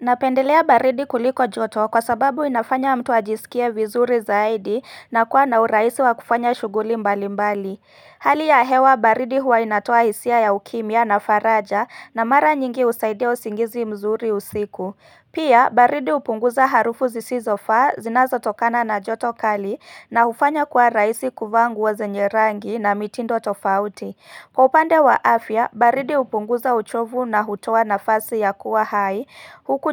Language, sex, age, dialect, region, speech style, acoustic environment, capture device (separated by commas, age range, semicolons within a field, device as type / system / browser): Swahili, female, 25-34, Kenya - Nairobi Sheng-influenced, Kenya, excited, silence, mobile / Linux / Chrome